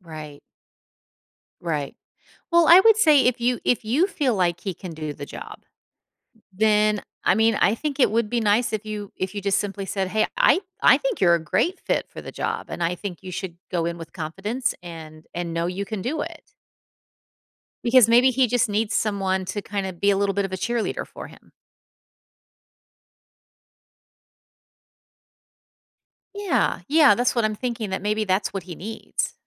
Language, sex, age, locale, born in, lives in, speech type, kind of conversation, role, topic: English, female, 50-54, en-US, United States, United States, conversation, advice, advisor, How should I handle an unexpected promotion?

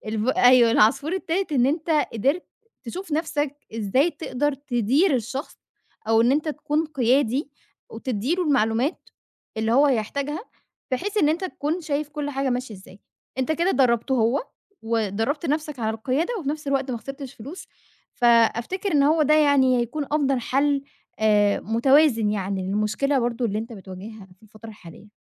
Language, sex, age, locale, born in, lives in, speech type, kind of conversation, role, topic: Arabic, female, 25-29, ar-EG, Egypt, Egypt, conversation, advice, advisor, إزاي أتغلب على خوفي من إني أوظّف أول موظف وأتحمّل مسؤولية القيادة؟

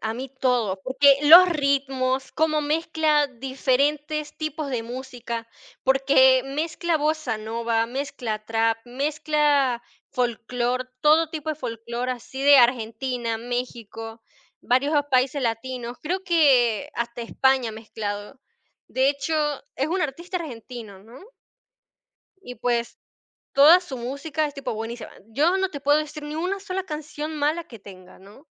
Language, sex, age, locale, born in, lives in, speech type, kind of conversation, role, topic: Spanish, female, 50-54, es-VE, Venezuela, Portugal, conversation, podcast, guest, ¿Cómo influye la música en tu estado de ánimo?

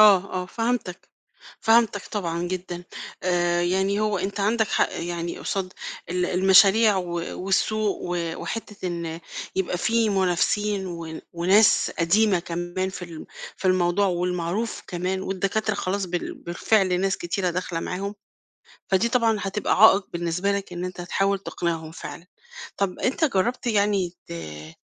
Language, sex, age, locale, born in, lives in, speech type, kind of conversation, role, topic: Arabic, female, 50-54, ar-EG, Egypt, Portugal, conversation, advice, advisor, إزاي أتعامل مع قلقي من فشل المشروع بعد ما استثمرت فيه وقت وفلوس كتير؟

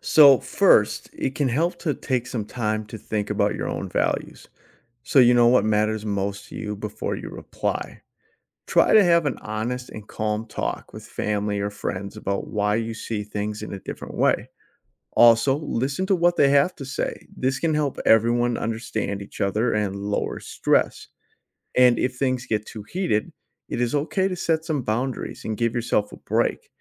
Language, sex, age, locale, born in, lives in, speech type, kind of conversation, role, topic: English, male, 40-44, en-US, United States, United States, read, expert-assistant, expert, How do people develop their sense of right and wrong?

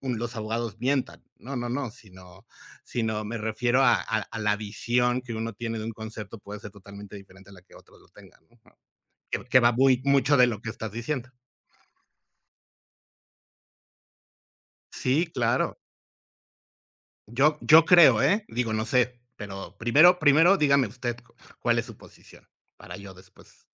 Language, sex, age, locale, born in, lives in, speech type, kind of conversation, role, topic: Spanish, male, 45-49, es-MX, Mexico, Mexico, conversation, unstructured, none, ¿Cómo defines lo que está bien y lo que está mal en la vida?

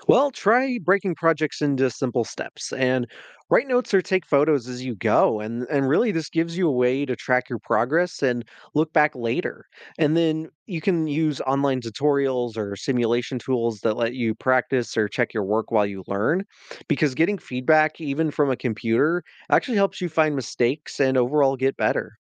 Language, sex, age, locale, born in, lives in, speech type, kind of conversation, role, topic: English, male, 20-24, en-US, United States, United States, read, expert-assistant, expert, What are the advantages of learning through hands-on experiences?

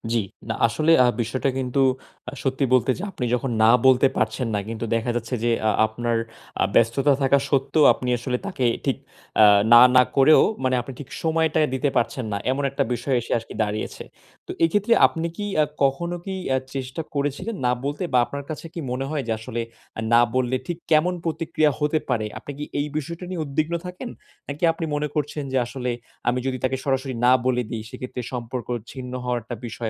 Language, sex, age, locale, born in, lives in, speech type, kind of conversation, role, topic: Bengali, male, 20-24, bn-BD, Bangladesh, Bangladesh, conversation, advice, advisor, আমি কীভাবে সীমা নির্ধারণ করে নিজের সুরক্ষা নিশ্চিত করতে পারি?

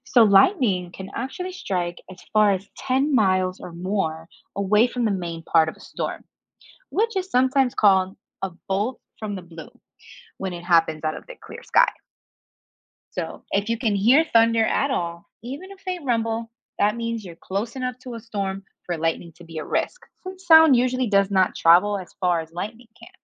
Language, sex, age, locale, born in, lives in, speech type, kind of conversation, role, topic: English, female, 25-29, en-US, United States, United States, read, expert-assistant, expert, What makes lightning and thunder happen during a storm?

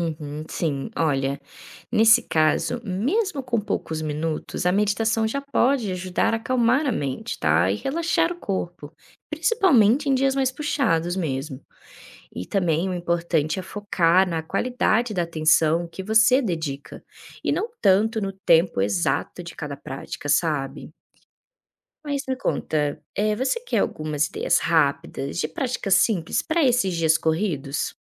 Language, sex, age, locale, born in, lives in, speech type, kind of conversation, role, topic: Portuguese, female, 25-29, pt-BR, Brazil, Spain, read, expert-assistant, expert, Quais são os benefícios da prática da meditação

